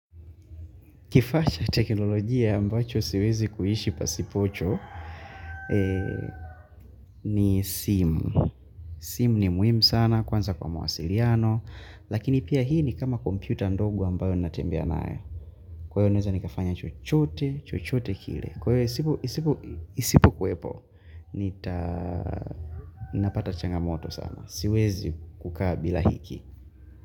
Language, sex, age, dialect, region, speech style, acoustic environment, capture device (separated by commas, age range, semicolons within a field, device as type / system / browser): Swahili, male, 18-24, Tanzania - Dar es Salaam, Tanzania, focused, nature; people talking; appliances, mobile / Linux / Chrome